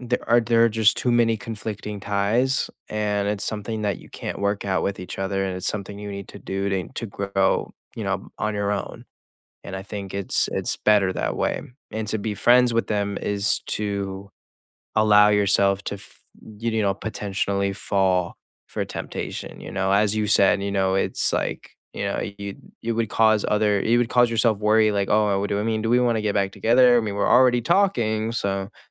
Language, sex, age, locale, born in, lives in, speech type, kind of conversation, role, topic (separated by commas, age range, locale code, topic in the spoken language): English, male, 18-19, en-US, United States, United States, conversation, unstructured, none, Would you keep an ex as a friend?